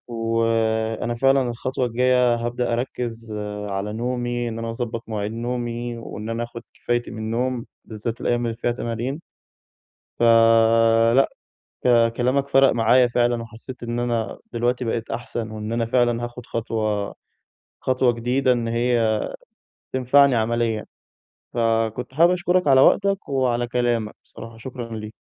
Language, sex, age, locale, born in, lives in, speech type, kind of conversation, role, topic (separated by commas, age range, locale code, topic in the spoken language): Arabic, male, 20-24, ar-EG, Egypt, Egypt, conversation, advice, user, إزاي أفضل ملتزم بالتمرين لما التقدم يبقى بطيّء؟